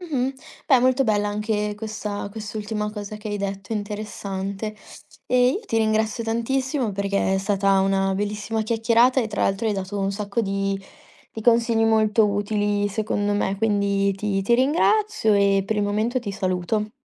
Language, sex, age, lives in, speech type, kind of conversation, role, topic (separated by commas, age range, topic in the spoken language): Italian, female, 20-24, Italy, conversation, podcast, host, Quali tecniche usi per rompere la routine creativa?